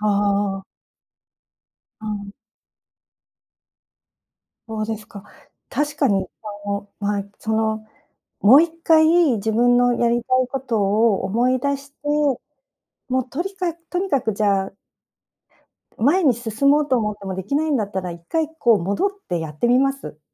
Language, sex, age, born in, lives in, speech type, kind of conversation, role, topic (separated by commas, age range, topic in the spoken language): Japanese, female, 55-59, Japan, Japan, conversation, advice, user, 小さな習慣を作って創作量を増やすには、どのように始めればよいですか？